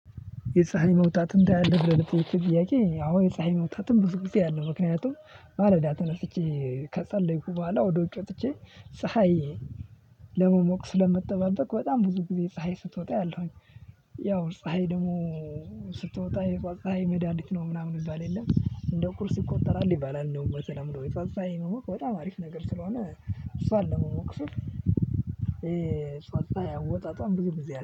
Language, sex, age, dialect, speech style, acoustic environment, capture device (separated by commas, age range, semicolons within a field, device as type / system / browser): Amharic, male, 18-24, Ethiopia - Addis Ababa, happy; focused, silence, mobile / Linux / Chrome